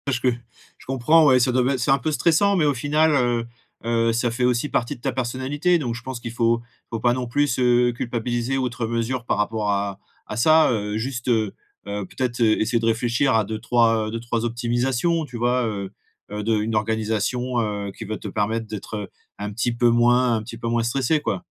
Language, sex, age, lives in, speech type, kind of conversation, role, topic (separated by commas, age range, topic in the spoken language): French, male, 35-39, France, conversation, advice, advisor, Comment créer une routine quotidienne qui renforce ma discipline ?